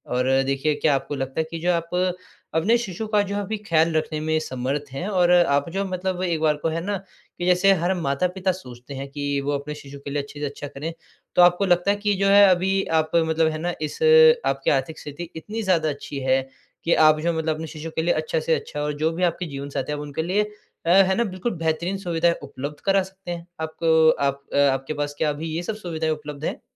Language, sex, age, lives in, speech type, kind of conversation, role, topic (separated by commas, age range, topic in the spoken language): Hindi, male, 20-24, India, conversation, advice, advisor, क्या आप बच्चे चाहने या बच्चेविहीन रहने का फैसला कैसे करते हैं?